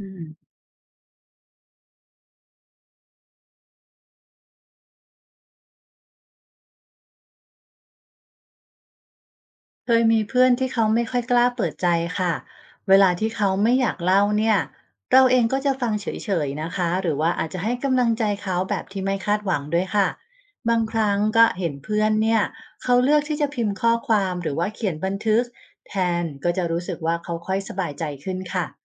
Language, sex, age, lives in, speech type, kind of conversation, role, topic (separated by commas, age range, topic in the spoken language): Thai, female, 45-49, Thailand, read, expert-assistant, asker, ลองเปรียบเทียบสุขภาพจิตกับสุขภาพร่างกาย มีตัวอย่างหรือสถานการณ์อะไรที่ช่วยให้เข้าใจความสำคัญของการดูแลสุขภาพจิตเหมือนกับการดูแลร่างกายบ้าง?